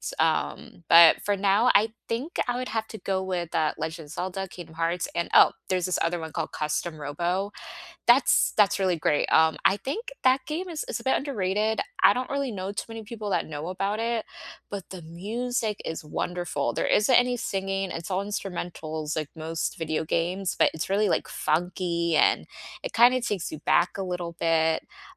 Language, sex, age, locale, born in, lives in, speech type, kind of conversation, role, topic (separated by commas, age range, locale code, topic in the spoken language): English, female, 25-29, en-US, United States, United States, conversation, unstructured, none, Which movie, TV series, or video game soundtrack do you love to listen to on its own, and what memories does it bring back for you?